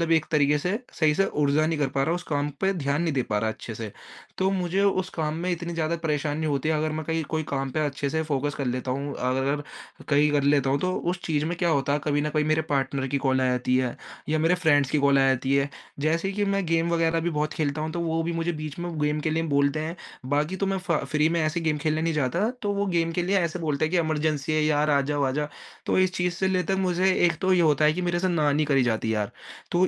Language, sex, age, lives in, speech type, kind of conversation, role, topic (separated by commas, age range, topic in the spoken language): Hindi, male, 20-24, India, conversation, advice, user, मैं अपना ध्यान लंबे समय तक कैसे बनाए रख सकता/सकती हूँ?